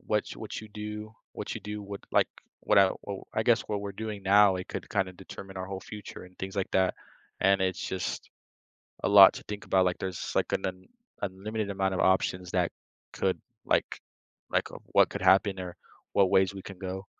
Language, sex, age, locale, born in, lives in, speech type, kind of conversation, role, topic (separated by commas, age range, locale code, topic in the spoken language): English, male, 18-19, en-US, United States, United States, conversation, unstructured, none, Would you rather have a one-minute conversation with your future self or your past self?